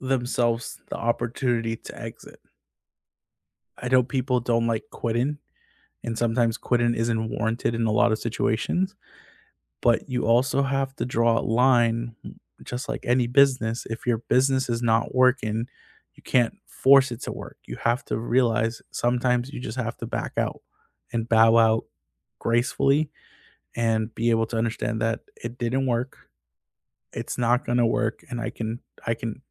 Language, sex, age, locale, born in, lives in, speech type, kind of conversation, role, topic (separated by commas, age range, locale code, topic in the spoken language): English, male, 25-29, en-US, United States, United States, conversation, unstructured, none, How do you pursue big goals while protecting your daily well-being?